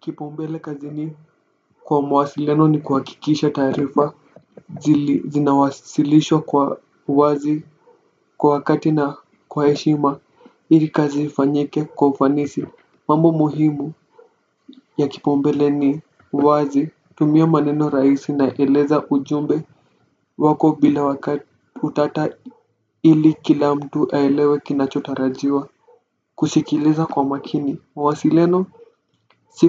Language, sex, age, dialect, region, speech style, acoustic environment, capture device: Swahili, male, 18-24, Kenya - Nairobi Sheng-influenced, Kenya, relaxed, nature; silence, desktop / Windows / Firefox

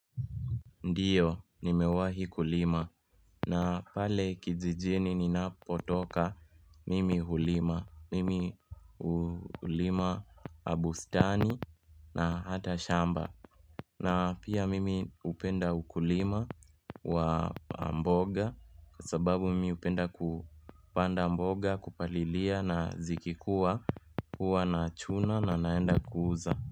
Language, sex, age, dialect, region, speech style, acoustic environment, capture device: Swahili, male, 18-24, Kenya - Nairobi Sheng-influenced, Kenya, happy; relaxed; focused, people talking; music; nature; construction; appliances; traffic, mobile / Linux / Chrome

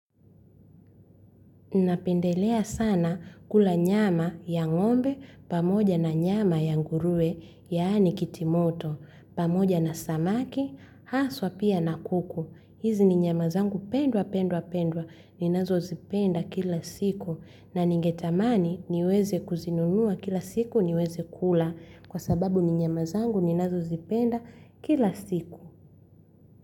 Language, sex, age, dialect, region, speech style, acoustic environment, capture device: Swahili, female, 25-34, Tanzania - Dar es Salaam, Tanzania, happy; relaxed; focused; excited, silence, mobile / Linux / Chrome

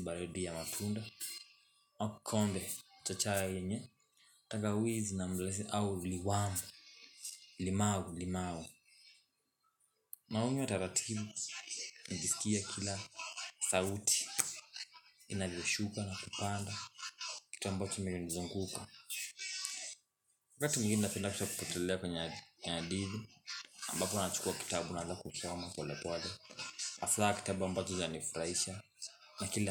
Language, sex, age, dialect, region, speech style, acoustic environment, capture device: Swahili, male, 18-24, Kenya - Nairobi Sheng-influenced, Kenya, happy, silence, mobile / Linux / Chrome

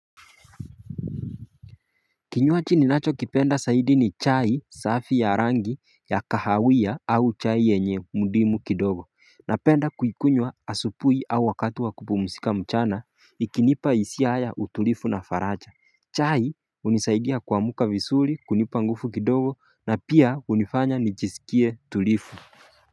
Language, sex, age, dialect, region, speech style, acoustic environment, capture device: Swahili, male, 25-34, Kenya - Mombasa, Kenya, focused, silence, mobile / Linux / Chrome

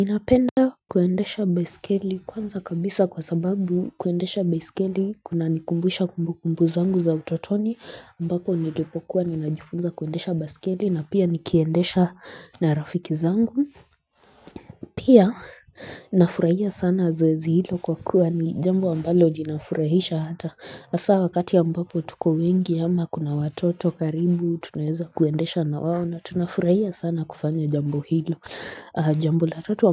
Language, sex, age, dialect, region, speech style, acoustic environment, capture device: Swahili, female, 25-34, Kenya - Nairobi Sheng-influenced, Kenya, relaxed, silence, mobile / Linux / Chrome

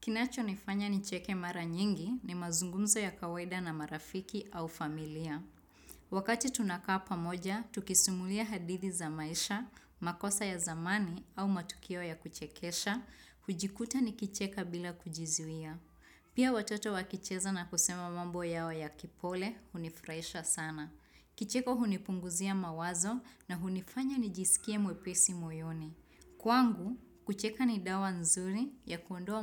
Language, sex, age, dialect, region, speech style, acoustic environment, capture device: Swahili, female, 25-34, Kenya - Nairobi Sheng-influenced, Kenya, happy; excited, nature, desktop / Windows / Chrome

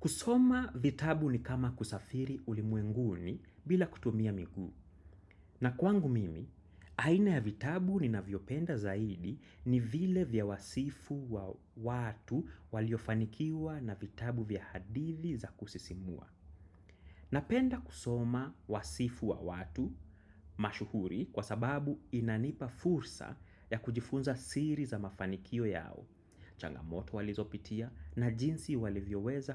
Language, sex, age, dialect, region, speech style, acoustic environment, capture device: Swahili, male, 25-34, Kenya - Nairobi Sheng-influenced, Kenya, happy; anxious; excited; focused, silence; music, desktop / macOS / Chrome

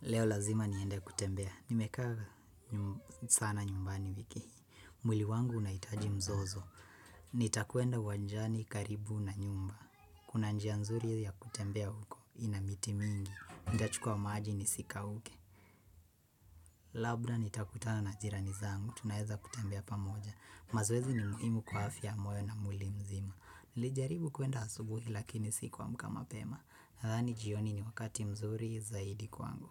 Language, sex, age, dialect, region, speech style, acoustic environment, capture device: Swahili, female, 25-34, Kenya - Nairobi Sheng-influenced, Kenya, nervous, silence, desktop / Windows / Chrome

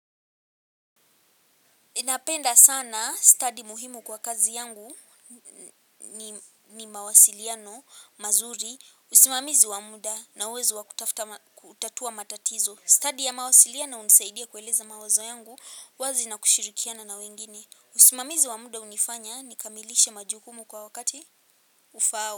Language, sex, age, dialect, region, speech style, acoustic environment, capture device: Swahili, female, 18-24, Kenya - Nairobi Sheng-influenced, Kenya, focused, people talking, mobile / Linux / Chrome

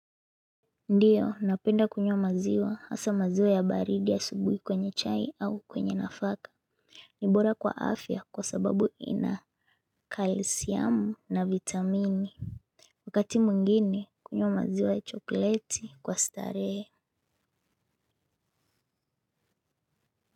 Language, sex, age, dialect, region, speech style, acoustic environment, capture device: Swahili, female, 25-34, Kenya - Mombasa, Kenya, focused, silence, mobile / Linux / Chrome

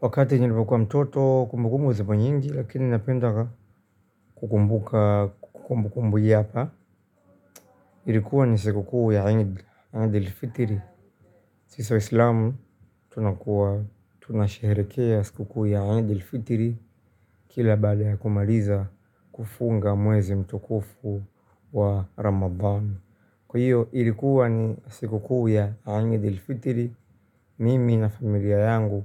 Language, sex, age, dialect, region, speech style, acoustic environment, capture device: Swahili, male, 25-34, Tanzania - Dar es Salaam, Tanzania, happy; relaxed, appliances, mobile / Linux / Chrome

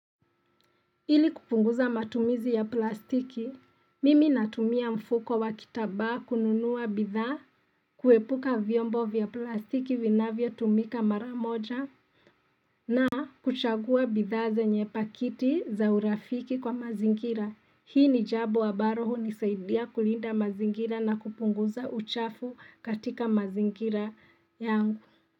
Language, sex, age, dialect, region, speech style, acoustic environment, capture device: Swahili, female, 25-34, Kenya - Nairobi Sheng-influenced, Kenya, excited; relaxed; happy; focused, silence; nature; appliances, mobile / Linux / Chrome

